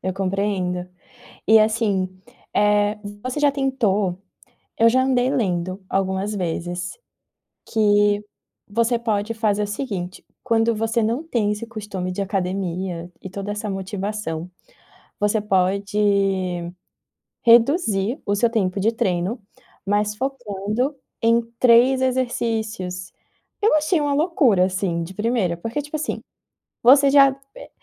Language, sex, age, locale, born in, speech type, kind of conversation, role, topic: Portuguese, female, 25-29, pt-BR, Brazil, conversation, advice, advisor, Como posso me manter motivado e consistente nos meus hobbies?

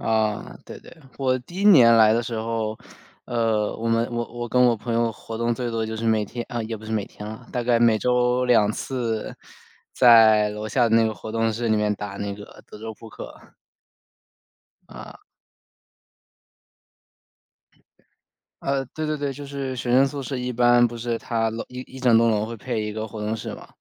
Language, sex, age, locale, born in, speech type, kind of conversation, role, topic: Chinese, male, 25-29, zh-CN, China, conversation, unstructured, none, 你最喜欢和朋友一起做哪些活动？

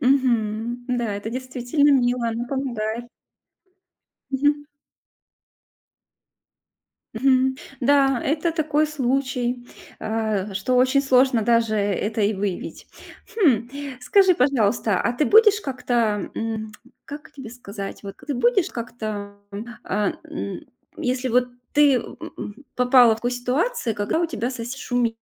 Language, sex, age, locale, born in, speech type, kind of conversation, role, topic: Russian, female, 40-44, ru-RU, Russia, conversation, podcast, host, Что для вас значит быть хорошим соседом?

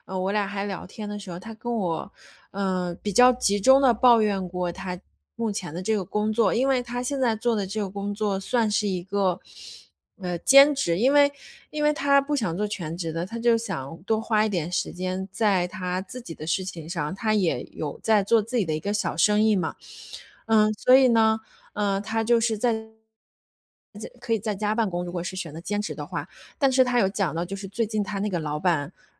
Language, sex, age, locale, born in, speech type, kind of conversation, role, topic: Chinese, female, 30-34, zh-CN, China, conversation, advice, user, 朋友关系渐行渐远，我该怎么挽回或调整？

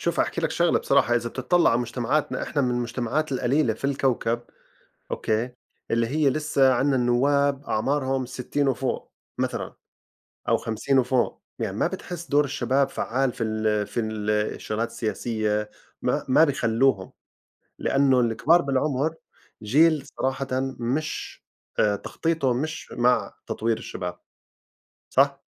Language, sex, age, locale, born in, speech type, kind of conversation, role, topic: Arabic, male, 40-44, ar-JO, Saudi Arabia, conversation, unstructured, none, إيه دور الشباب في تحسين المجتمع؟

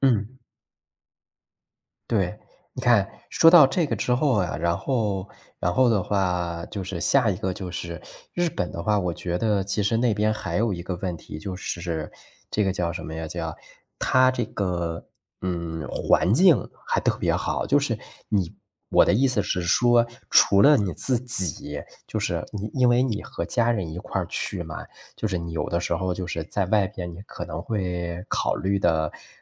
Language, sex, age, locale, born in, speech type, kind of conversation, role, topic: Chinese, male, 35-39, zh-CN, China, conversation, unstructured, none, 你最喜欢和家人一起旅行的经历是什么？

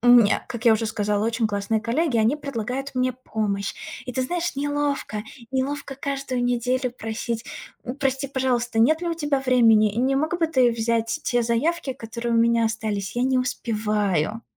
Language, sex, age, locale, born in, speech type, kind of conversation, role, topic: Russian, female, 30-34, ru-RU, Russia, conversation, advice, user, Какие сомнения у вас возникают при смене карьеры?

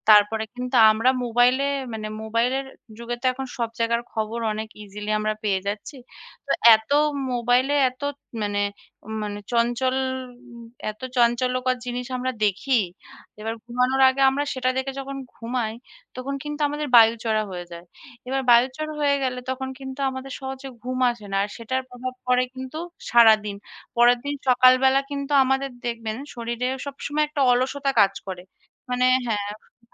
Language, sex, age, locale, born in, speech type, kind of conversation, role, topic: Bengali, female, 30-34, bn-IN, India, conversation, unstructured, none, মোবাইল ফোন আপনার জীবনে কতটা প্রভাব ফেলে?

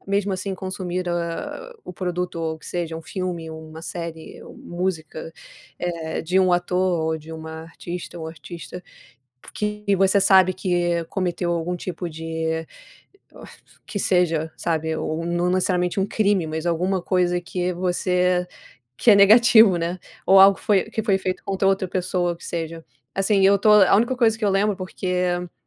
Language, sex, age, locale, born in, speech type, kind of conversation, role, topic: Portuguese, female, 30-34, pt-BR, Brazil, conversation, unstructured, none, Quando um artista comete erros graves, devemos continuar consumindo a sua obra?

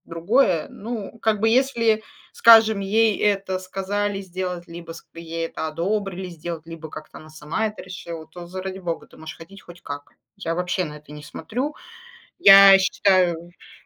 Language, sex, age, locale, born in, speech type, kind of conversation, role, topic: Russian, female, 35-39, ru-RU, Russia, conversation, unstructured, none, Что для тебя важнее — быть похожим на других или выделяться?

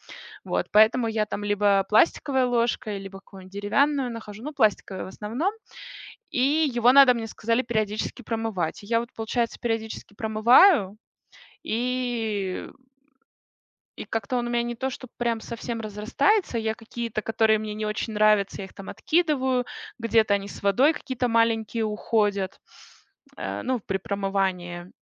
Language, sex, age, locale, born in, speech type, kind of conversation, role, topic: Russian, female, 30-34, ru-RU, Russia, conversation, podcast, guest, Как вы относитесь к ферментации и закваскам?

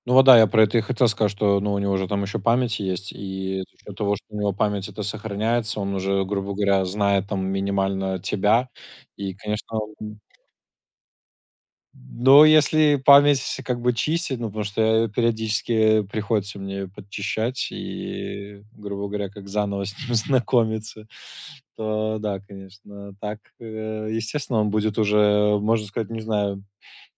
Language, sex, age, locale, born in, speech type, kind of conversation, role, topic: Russian, male, 25-29, ru-RU, Belarus, conversation, unstructured, none, Как технологии изменили твою повседневную жизнь?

